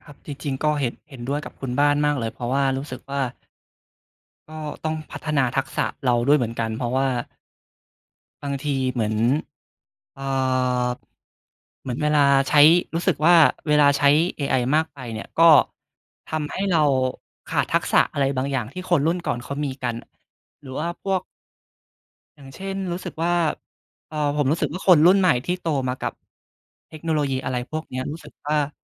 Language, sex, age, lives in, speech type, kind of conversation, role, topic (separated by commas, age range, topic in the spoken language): Thai, male, 20-24, Thailand, conversation, unstructured, none, เทคโนโลยีเปลี่ยนวิถีชีวิตของคุณไปอย่างไรบ้าง?